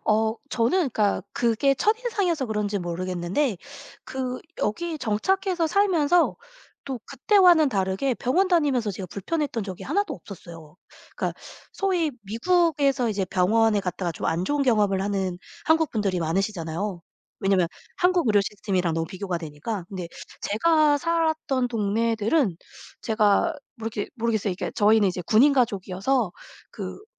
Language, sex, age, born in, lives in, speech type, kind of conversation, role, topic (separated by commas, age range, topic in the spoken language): Korean, female, 40-44, South Korea, United States, conversation, podcast, guest, 가장 기억에 남는 여행은 무엇이었나요?